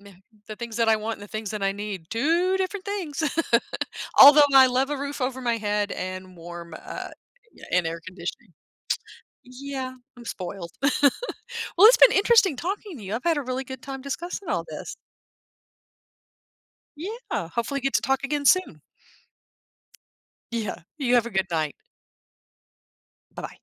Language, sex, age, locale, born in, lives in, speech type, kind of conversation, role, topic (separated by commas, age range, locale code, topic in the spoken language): English, female, 50-54, en-US, United States, United States, conversation, podcast, host, How do live performances create lasting memories and impact us differently than other experiences?